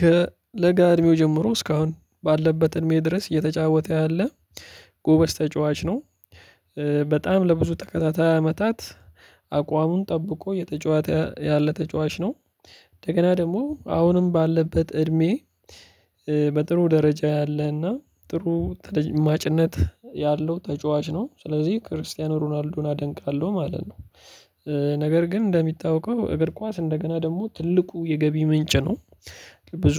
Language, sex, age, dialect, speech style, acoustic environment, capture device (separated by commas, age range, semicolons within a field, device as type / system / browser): Amharic, male, 25-34, Ethiopia - Addis Ababa, focused, silence, mobile / Linux / Chrome